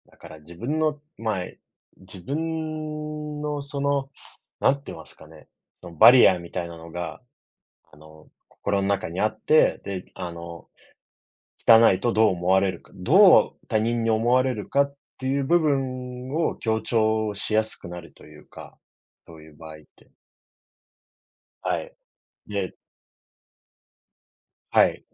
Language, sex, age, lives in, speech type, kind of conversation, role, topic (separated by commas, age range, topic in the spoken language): Japanese, male, 30-34, Japan, conversation, unstructured, none, ごみのポイ捨てはなぜなくならないのでしょうか？